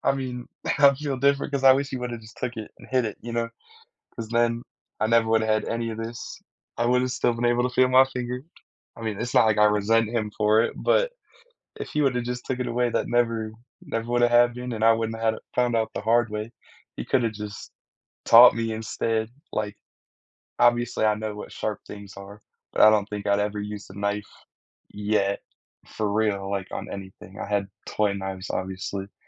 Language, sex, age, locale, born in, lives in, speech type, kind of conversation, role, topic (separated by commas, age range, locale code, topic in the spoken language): English, male, 18-19, en-US, United States, United States, conversation, unstructured, none, What is a memory that others find funny but you find painful?